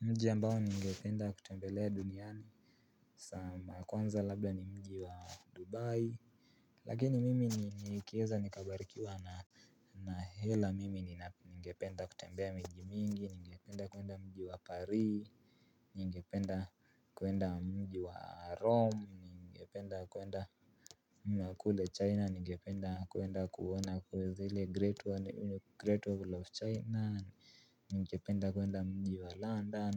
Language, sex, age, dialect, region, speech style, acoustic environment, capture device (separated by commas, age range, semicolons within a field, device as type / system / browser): Swahili, male, 18-24, Kenya - Nairobi Sheng-influenced, Kenya, relaxed, appliances, mobile / Linux / Chrome